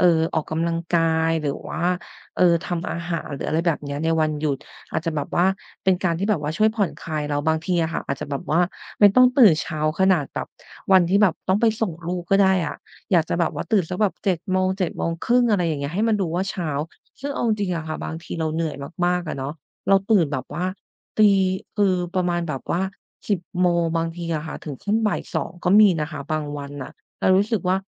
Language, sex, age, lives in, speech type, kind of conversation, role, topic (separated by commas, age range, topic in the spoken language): Thai, female, 30-34, Thailand, conversation, advice, user, ทำอย่างไรให้ตื่นเช้าเป็นนิสัยได้ ทั้งที่มักกดเลื่อนนาฬิกาปลุกทุกเช้า?